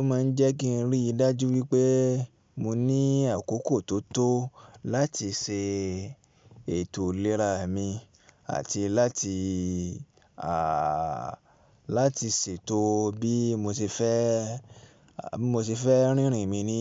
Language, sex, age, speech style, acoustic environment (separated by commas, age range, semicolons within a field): Yoruba, male, 25-34, relaxed, appliances